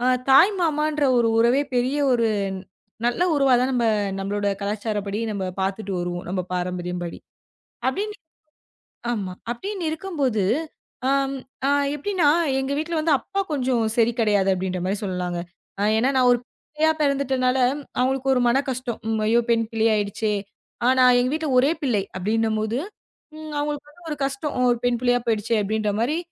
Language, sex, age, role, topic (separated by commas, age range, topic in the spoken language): Tamil, female, 25-29, guest, நம்பிக்கை குலைந்த பிறகு ஒரு உறவின் மீது மீண்டும் நம்பிக்கை வைக்க எப்படி முடியும்?